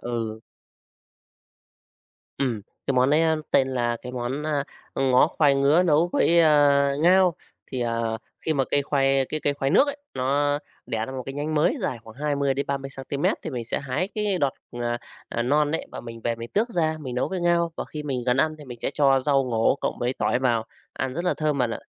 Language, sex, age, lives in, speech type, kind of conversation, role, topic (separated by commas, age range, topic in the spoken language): Vietnamese, male, 35-39, Vietnam, conversation, podcast, guest, Bạn có thể kể về một món ăn thời thơ ấu khiến bạn nhớ mãi không?